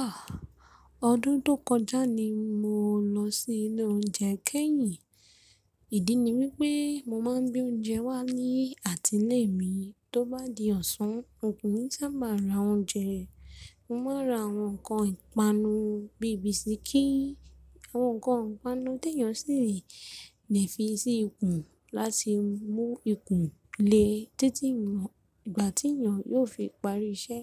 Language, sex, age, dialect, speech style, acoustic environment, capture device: Yoruba, female, 25-34, Nigeria - Ibadan, focused, people talking, mobile / Linux / Chrome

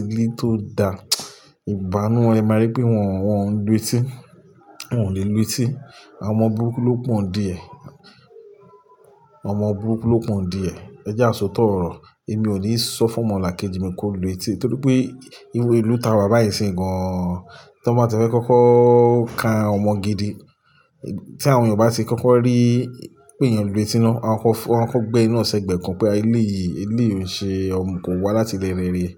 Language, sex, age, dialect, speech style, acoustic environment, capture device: Yoruba, male, 35-44, Nigeria - Lagos Yoruba, relaxed, people talking, mobile / Linux / Chrome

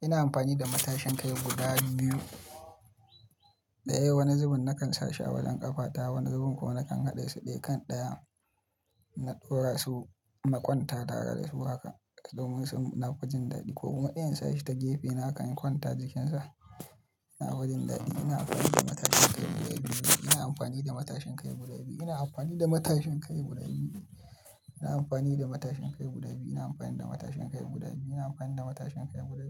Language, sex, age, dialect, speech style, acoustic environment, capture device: Hausa, male, 25-34, Nigeria - Kano, happy, people talking, mobile / Linux / Chrome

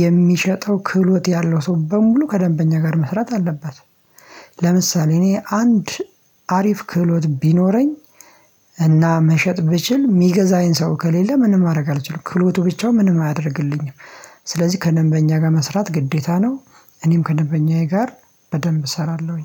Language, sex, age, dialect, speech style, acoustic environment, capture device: Amharic, male, 25-34, Ethiopia - Addis Ababa, excited, silence, mobile / Linux / Chrome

